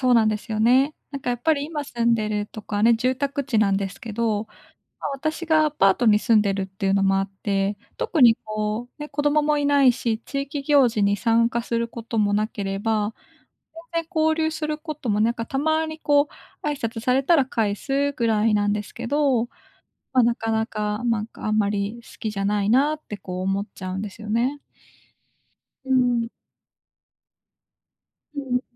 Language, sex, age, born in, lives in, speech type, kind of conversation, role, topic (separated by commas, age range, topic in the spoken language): Japanese, female, 25-29, Japan, Japan, conversation, advice, user, 引っ越し後の孤独感を和らげ、地域とつながるにはどうすればいいですか？